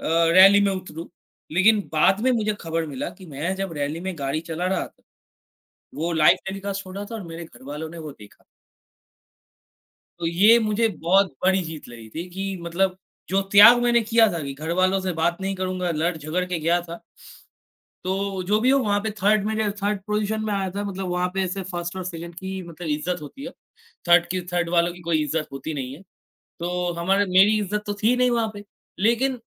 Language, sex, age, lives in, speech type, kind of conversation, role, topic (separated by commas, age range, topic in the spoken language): Hindi, male, 18-19, India, conversation, unstructured, none, आप अपने सपनों को पूरा करने के लिए क्या-क्या त्याग कर सकते हैं?